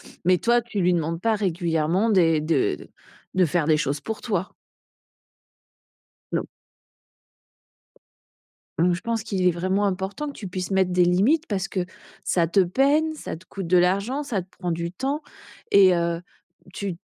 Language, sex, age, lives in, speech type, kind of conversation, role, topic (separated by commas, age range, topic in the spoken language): French, female, 45-49, France, conversation, advice, advisor, Pourquoi ai-je du mal à poser des limites et à dire non ?